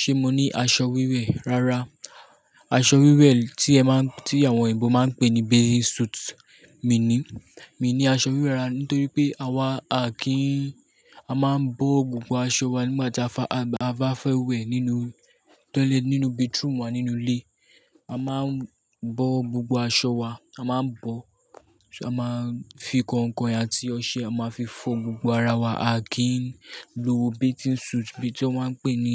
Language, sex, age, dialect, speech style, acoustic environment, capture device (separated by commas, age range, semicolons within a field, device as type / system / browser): Yoruba, male, 18-24, Nigeria - Lagos Yoruba, nervous, nature; appliances; silence, mobile / Linux / Chrome